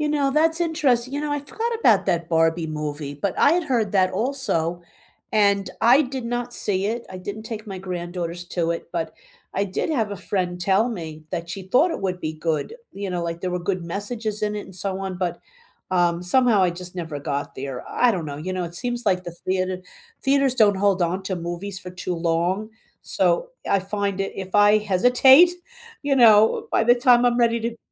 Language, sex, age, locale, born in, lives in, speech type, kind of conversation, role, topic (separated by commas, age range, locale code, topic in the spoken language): English, female, 65-69, en-US, United States, United States, conversation, unstructured, none, Which movie soundtracks are better than the films they accompany?